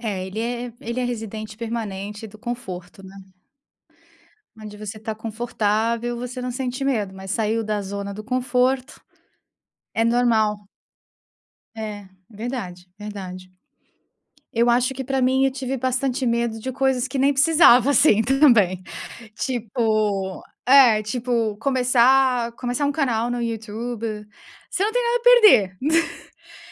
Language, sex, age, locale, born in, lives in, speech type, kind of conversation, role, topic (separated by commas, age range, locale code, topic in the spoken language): Portuguese, female, 30-34, pt-BR, Brazil, United States, conversation, unstructured, none, Como você convenceria alguém a dar o primeiro passo, mesmo com medo?